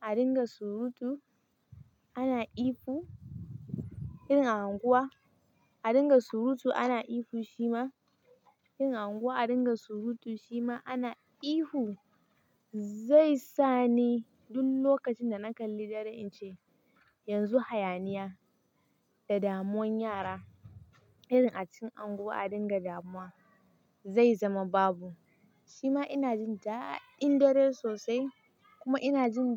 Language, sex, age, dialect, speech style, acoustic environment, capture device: Hausa, female, 18-24, Nigeria - Kano, happy, nature, mobile / Linux / Chrome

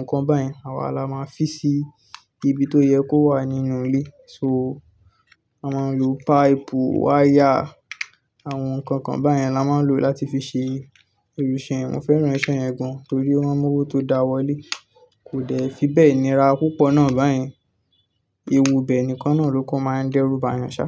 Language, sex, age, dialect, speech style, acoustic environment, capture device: Yoruba, male, 18-24, Nigeria - Ibadan, relaxed; focused, nature, desktop / Linux / Chrome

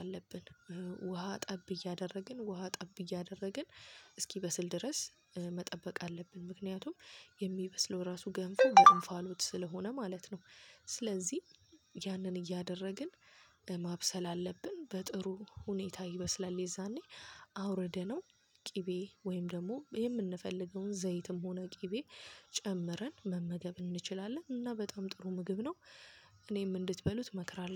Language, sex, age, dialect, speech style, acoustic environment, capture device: Amharic, female, 25-34, Ethiopia - Addis Ababa, focused, traffic, mobile / Linux / Chrome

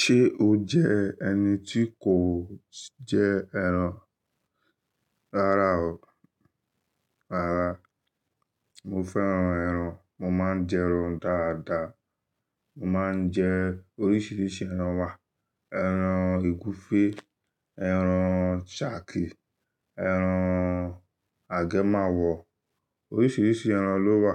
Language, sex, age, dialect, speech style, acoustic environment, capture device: Yoruba, male, 25-34, Nigeria - Lagos Yoruba, focused, nature, desktop / Linux / Chrome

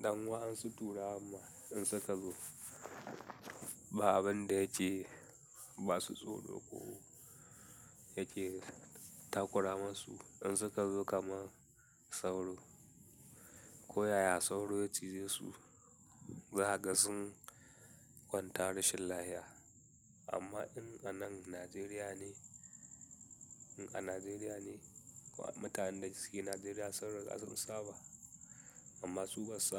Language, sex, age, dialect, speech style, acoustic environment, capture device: Hausa, male, 18-24, Nigeria - Katsina, happy, silence, mobile / Linux / Chrome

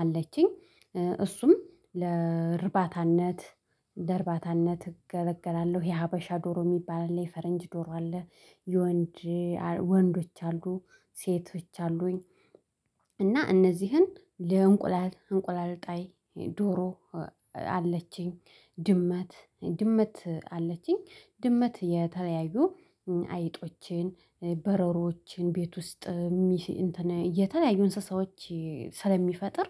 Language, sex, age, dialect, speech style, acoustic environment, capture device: Amharic, female, 25-34, Ethiopia - Addis Ababa, happy; excited, people talking, mobile / Linux / Chrome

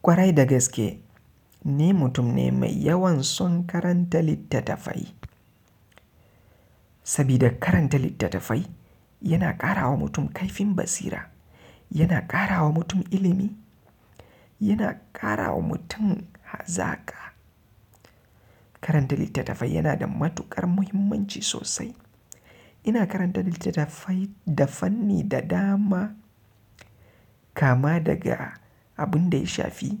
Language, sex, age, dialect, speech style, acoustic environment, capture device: Hausa, male, 25-34, Nigeria - Kano, relaxed; happy, silence, mobile / Linux / Chrome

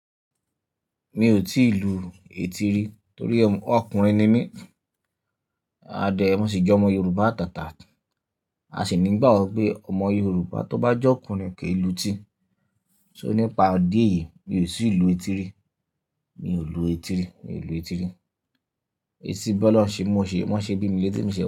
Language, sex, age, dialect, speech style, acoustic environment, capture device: Yoruba, male, 25-34, Nigeria - Ibadan, happy, nature, mobile / Linux / Chrome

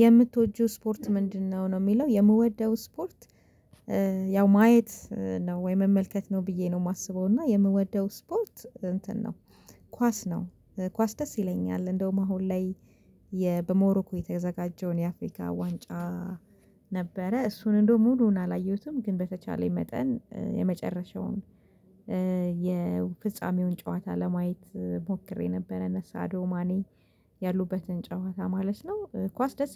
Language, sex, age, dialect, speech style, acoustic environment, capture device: Amharic, female, 25-34, Ethiopia - Addis Ababa, focused; stressed, silence, mobile / Linux / Chrome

